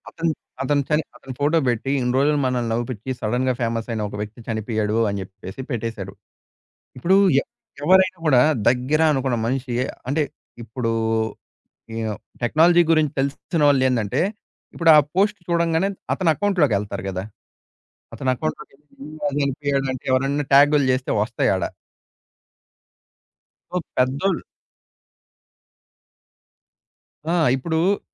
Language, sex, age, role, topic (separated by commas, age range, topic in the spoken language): Telugu, male, 20-24, guest, ఫేక్ న్యూస్‌ను ఎలా గుర్తిస్తావు?